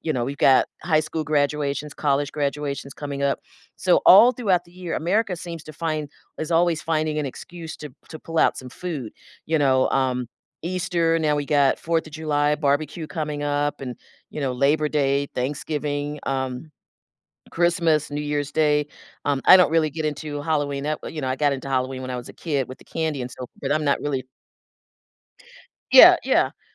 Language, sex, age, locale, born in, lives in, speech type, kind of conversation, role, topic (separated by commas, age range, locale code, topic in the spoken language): English, female, 60-64, en-US, United States, United States, conversation, podcast, guest, How does sharing traditional foods help you connect with your heritage and others?